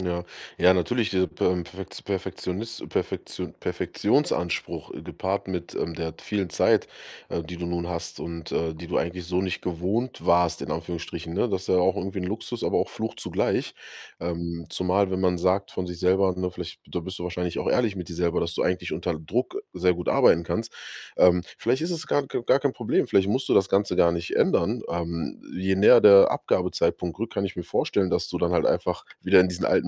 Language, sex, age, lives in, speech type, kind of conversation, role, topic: German, male, 30-34, Germany, conversation, advice, advisor, Wie kann ich Perfektionismus und Aufschieben überwinden, wenn ich viele Projekte anfange, aber kaum welche beende?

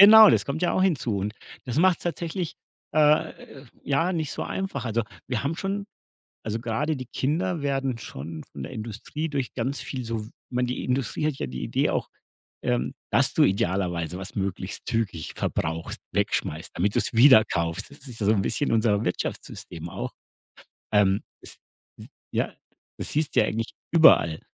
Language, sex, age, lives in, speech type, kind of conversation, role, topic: German, male, 50-54, Germany, conversation, podcast, guest, Wie integrierst du Nachhaltigkeit in deinen Haushaltsalltag?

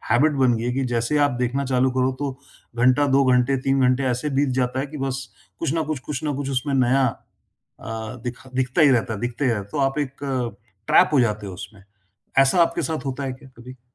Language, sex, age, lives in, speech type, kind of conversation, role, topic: Hindi, male, 50-54, India, conversation, podcast, host, Phone aur family time kaise balance karte ho, yaar?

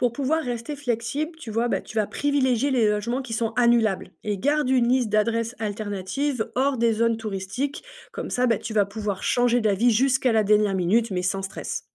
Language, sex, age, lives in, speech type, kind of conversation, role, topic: French, female, 35-39, France, read, expert-assistant, expert, Comment organiser ses vacances pour profiter au maximum tout en gardant de la flexibilité ?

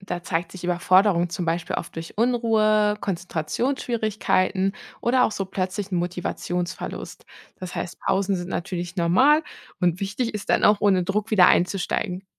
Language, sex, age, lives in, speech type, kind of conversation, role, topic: German, female, 30-34, Germany, read, expert-assistant, expert, Wie kann ich als Mutter oder Vater mit meinem Kind gemeinsam Neues lernen und daran wachsen?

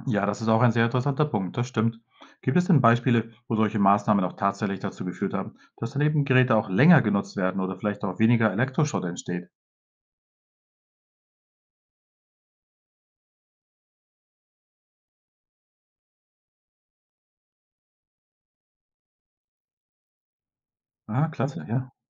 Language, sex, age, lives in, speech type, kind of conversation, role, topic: German, male, 45-49, Spain, read, expert-assistant, asker, Wie wirken sich weltweite Lieferketten auf unser tägliches Leben aus?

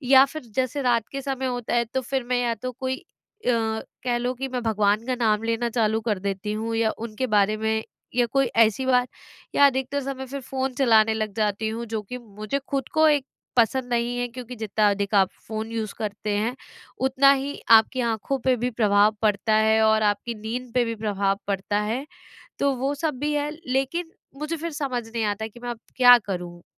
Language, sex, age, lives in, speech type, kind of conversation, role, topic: Hindi, female, 25-29, India, conversation, advice, user, मैं दुनिया की घटनाओं से होने वाली चिंता को कम करके दैनिक जीवन में भावनात्मक स्थिरता कैसे बनाए रखूँ?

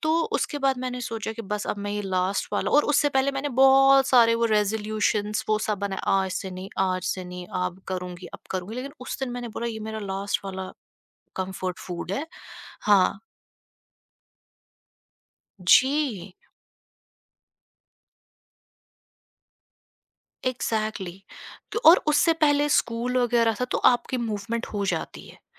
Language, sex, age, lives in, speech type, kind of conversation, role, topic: Hindi, female, 25-29, India, conversation, podcast, guest, Health ke mamle mein, tum immediate comfort aur future fitness ke beech kaise balance karte ho?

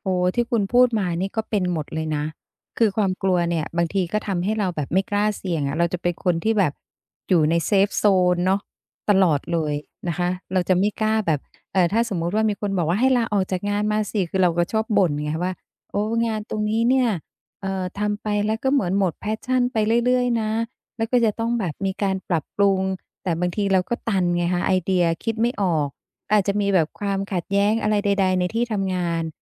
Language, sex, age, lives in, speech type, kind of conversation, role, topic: Thai, female, 50-54, Thailand, conversation, advice, user, ฉันจะรับมือกับความกลัวต่อการเปลี่ยนแปลงในชีวิตได้อย่างไร?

